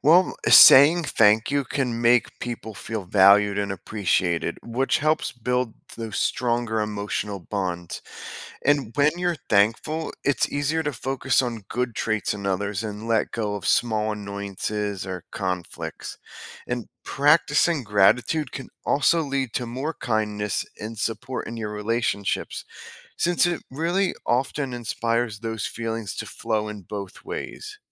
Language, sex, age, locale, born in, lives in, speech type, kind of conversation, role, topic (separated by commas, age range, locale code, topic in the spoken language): English, male, 35-39, en-US, United States, United States, read, expert-assistant, expert, How does gratitude improve relationships with others?